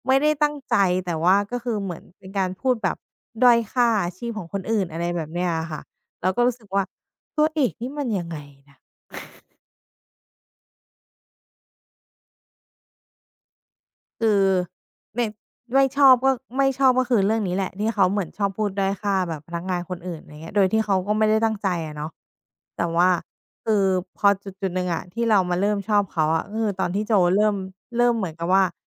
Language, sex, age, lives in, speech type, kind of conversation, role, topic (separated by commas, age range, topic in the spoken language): Thai, female, 30-34, Thailand, conversation, podcast, guest, ตัวละครจากภาพยนตร์หรือซีรีส์เรื่องไหนที่คุณรู้สึกเชื่อมโยงที่สุด และเพราะอะไร?